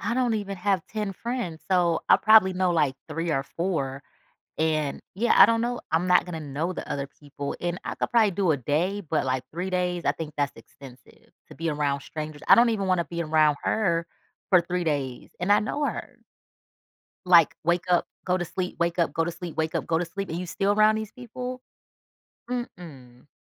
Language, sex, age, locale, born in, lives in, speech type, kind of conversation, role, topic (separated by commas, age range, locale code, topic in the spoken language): English, female, 35-39, en-US, United States, United States, conversation, advice, user, How can I make social gatherings less awkward?